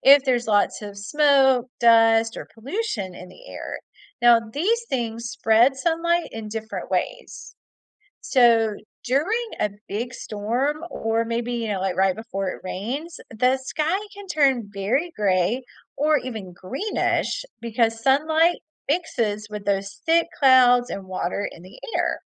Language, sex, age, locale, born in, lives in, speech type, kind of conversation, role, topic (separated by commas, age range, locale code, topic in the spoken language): English, female, 45-49, en-US, United States, United States, read, expert-assistant, expert, Why does the sky appear blue during the day?